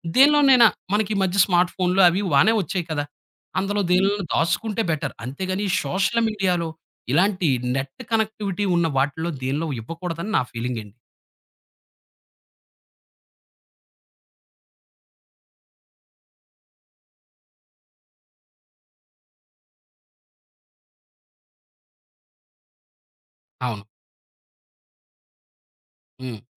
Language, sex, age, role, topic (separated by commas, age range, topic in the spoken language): Telugu, male, 30-34, guest, ఆన్‌లైన్‌లో మీ గోప్యతను మీరు ఎలా రక్షించుకుంటారు?